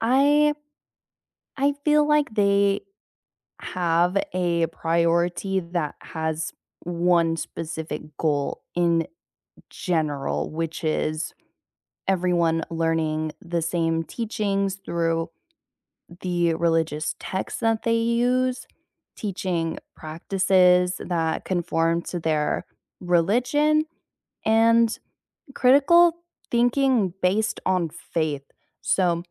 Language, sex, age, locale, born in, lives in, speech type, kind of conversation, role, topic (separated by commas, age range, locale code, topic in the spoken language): English, female, 20-24, en-US, United States, United States, conversation, unstructured, none, Should religious education prioritize nurturing personal belief, or should it focus on teaching comparative religion and critical thinking?